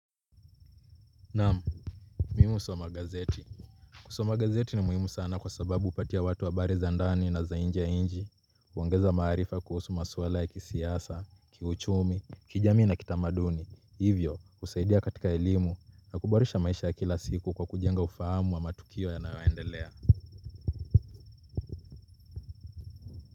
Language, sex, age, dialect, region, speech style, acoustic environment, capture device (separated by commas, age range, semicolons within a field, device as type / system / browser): Swahili, male, 25-34, Kenya - Mombasa, Kenya, relaxed; focused, people talking; nature; traffic, mobile / Linux / Chrome